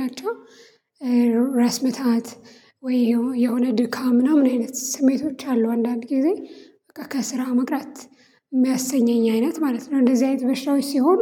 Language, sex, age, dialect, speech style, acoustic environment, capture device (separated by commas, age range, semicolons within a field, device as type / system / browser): Amharic, female, 25-34, Ethiopia - Addis Ababa, relaxed, silence, mobile / Linux / Chrome